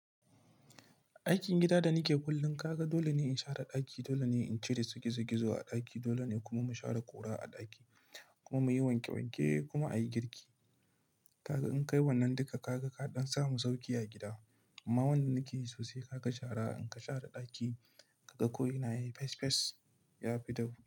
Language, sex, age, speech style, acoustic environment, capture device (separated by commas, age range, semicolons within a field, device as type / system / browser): Hausa, male, 25-34, relaxed; excited; focused, silence; nature, mobile / Linux / Chrome